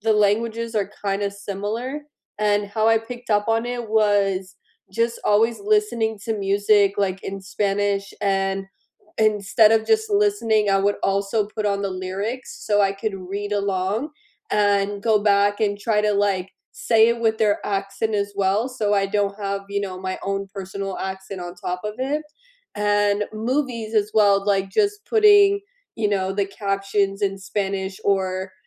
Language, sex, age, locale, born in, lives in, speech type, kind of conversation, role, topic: English, female, 25-29, en-US, United States, United States, conversation, unstructured, none, What new hobby have you started recently, what inspired you to try it, and how has it changed your daily routine?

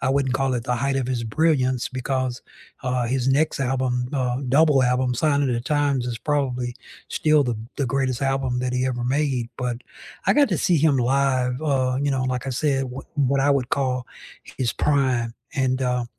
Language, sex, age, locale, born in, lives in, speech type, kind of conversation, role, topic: English, male, 60-64, en-US, United States, United States, conversation, unstructured, none, What is the best live performance you’ve seen, either recently or of all time?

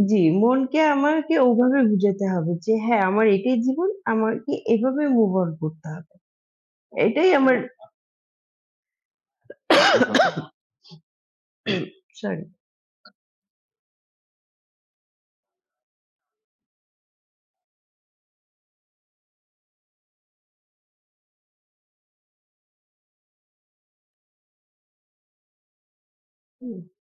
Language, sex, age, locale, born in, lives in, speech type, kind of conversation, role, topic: Bengali, female, 35-39, bn-BD, Bangladesh, Bangladesh, conversation, unstructured, none, আপনি কি এমন জীবন বেছে নেবেন যেখানে সবসময় ভ্রমণ করবেন কিন্তু স্থায়ী ঠিকানা কম থাকবে, নাকি এমন জীবন যেখানে স্থায়ী ঠিকানা থাকবে কিন্তু ভ্রমণ কম করবেন?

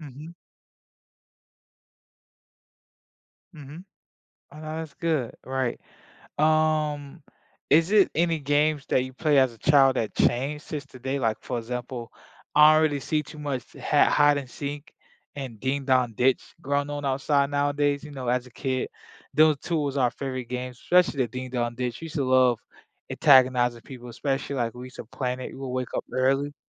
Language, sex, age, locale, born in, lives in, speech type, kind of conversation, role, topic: English, male, 25-29, en-US, United States, United States, conversation, unstructured, none, How do childhood games influence the way we think and solve problems as adults?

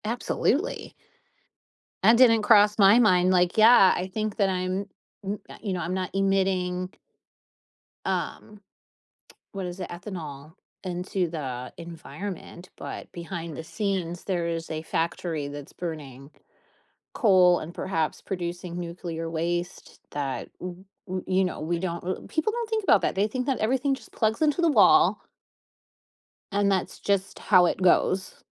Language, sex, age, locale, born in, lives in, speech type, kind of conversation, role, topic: English, female, 40-44, en-US, United States, United States, conversation, podcast, guest, What motivates people to take action for the environment?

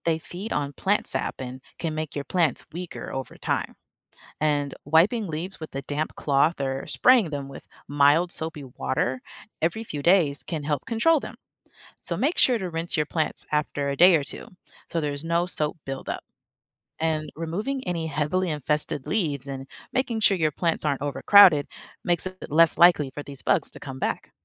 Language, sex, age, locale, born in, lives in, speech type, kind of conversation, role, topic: English, female, 25-29, en-US, United States, United States, read, expert-assistant, expert, How do plants help keep the air clean?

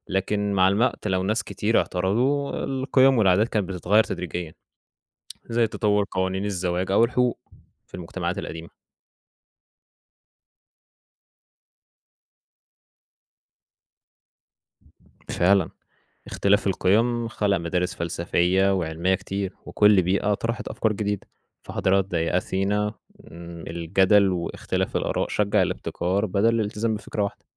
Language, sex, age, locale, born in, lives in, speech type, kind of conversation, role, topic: Arabic, male, 20-24, ar-EG, Egypt, Egypt, read, expert-assistant, expert, تفتكر كان هيكون شكل العالم عامل إزاي لو الأديان الإبراهيمية ما ظهرتش أصلاً؟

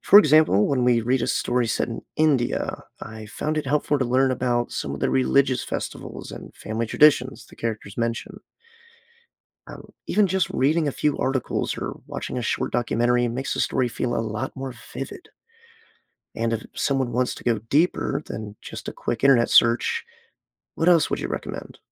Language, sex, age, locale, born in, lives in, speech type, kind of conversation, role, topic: English, male, 25-29, en-US, United States, United States, read, expert-assistant, asker, How do life experiences affect story interpretation?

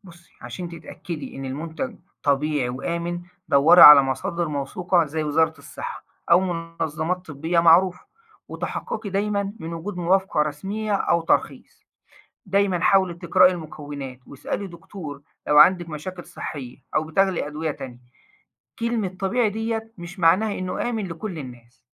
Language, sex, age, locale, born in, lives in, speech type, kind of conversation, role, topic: Arabic, male, 40-44, ar-EG, Egypt, Egypt, read, expert-assistant, expert, ليه بعض الناس بتلجأ للعلاج الشعبي أو الأعشاب قبل ما تزور دكتور، وهل ده ليه أصول ثقافية أو اجتماعية؟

